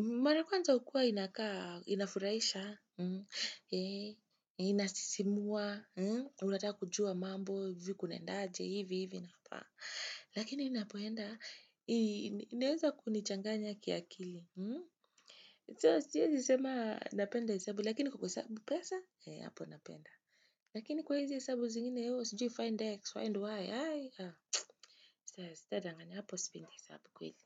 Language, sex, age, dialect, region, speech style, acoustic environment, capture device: Swahili, female, 25-34, Kenya - Nairobi Sheng-influenced, Kenya, happy, nature, desktop / Windows / Chrome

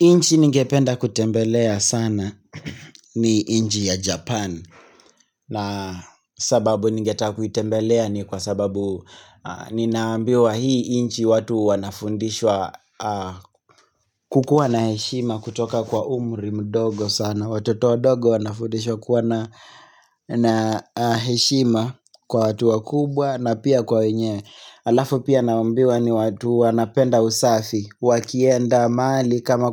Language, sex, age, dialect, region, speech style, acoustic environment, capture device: Swahili, male, 25-34, Kenya - Nairobi Sheng-influenced, Kenya, relaxed, silence, mobile / Linux / Chrome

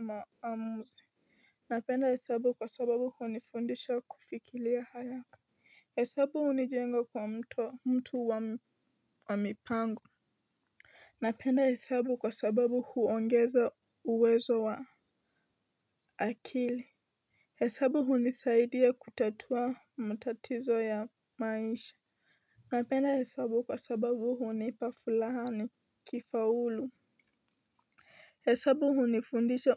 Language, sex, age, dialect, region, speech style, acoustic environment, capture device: Swahili, female, 18-24, Kenya - Nairobi Sheng-influenced, Kenya, stressed; focused; excited; anxious, nature; appliances; construction, mobile / Linux / Chrome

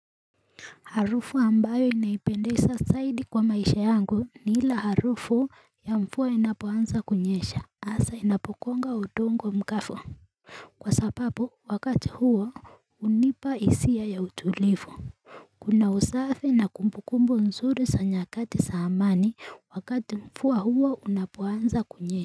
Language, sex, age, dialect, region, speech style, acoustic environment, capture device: Swahili, female, 18-24, Kenya - Nairobi Sheng-influenced, Kenya, happy, nature, mobile / Linux / Chrome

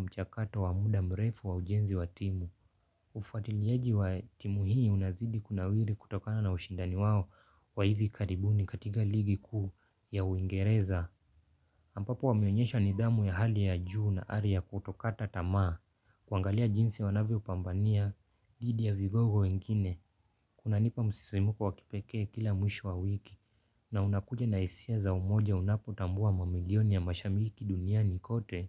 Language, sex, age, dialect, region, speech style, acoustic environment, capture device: Swahili, male, 18-24, Kenya - Nairobi Sheng-influenced, Kenya, relaxed; focused; happy, nature; silence, mobile / Linux / Chrome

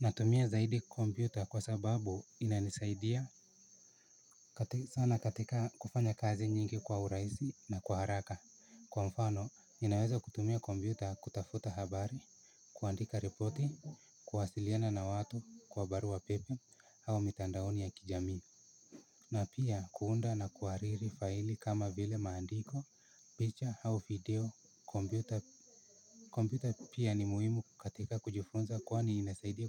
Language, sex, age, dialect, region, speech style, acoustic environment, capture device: Swahili, male, 18-24, Kenya - Nairobi Sheng-influenced, Kenya, happy, silence, mobile / Linux / Chrome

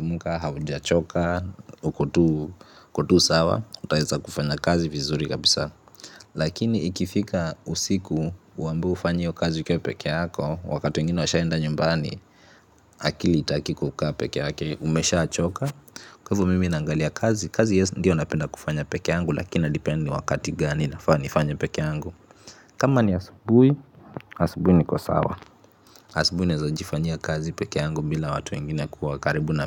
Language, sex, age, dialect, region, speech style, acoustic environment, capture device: Swahili, male, 25-34, Kenya - Nairobi Sheng-influenced, Kenya, tired; relaxed, appliances, mobile / Linux / Chrome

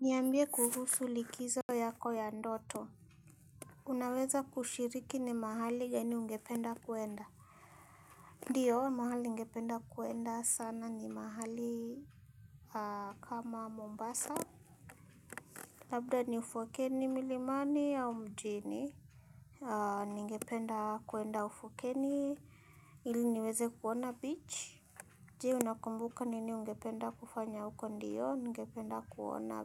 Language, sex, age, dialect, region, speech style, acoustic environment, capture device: Swahili, female, 25-34, Kenya - Nairobi Sheng-influenced, Kenya, happy; nervous, silence, mobile / Linux / Chrome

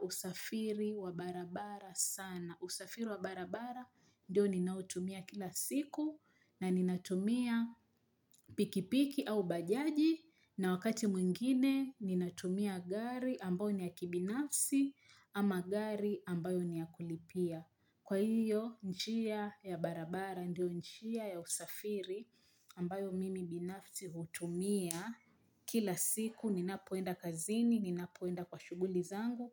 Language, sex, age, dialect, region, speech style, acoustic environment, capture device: Swahili, female, 25-34, Kenya - Mombasa, Kenya, happy, nature, mobile / Linux / Chrome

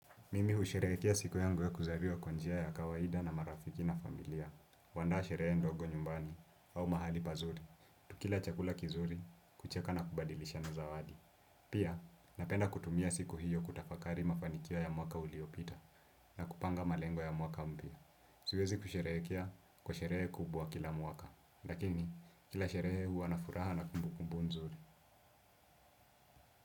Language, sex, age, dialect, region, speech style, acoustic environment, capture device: Swahili, male, 18-24, Kenya - Nairobi Sheng-influenced, Kenya, relaxed, silence, desktop / Windows / Chrome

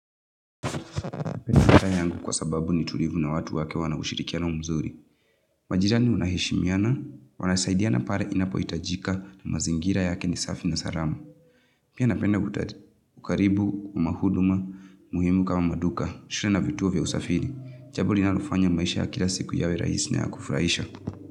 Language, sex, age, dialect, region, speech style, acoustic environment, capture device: Swahili, female, 25-34, Kenya - Nairobi Sheng-influenced, Kenya, tired, nature, mobile / Linux / Chrome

